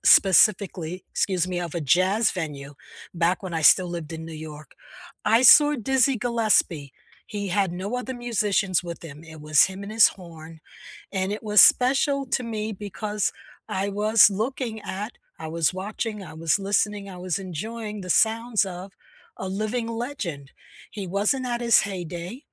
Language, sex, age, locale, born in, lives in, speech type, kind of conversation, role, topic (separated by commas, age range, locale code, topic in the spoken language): English, female, 70-74, en-US, United States, United States, conversation, unstructured, none, What was the best concert you’ve ever attended, and what unforgettable moment made it truly special for you?